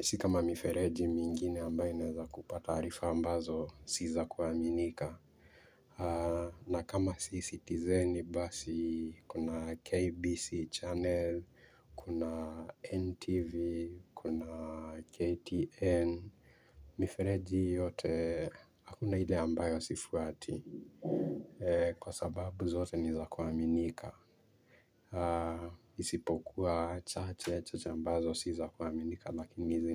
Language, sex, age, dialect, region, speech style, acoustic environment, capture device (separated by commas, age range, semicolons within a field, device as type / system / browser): Swahili, male, 18-24, Kenya - Mombasa, Kenya, nervous, people talking, mobile / Linux / Chrome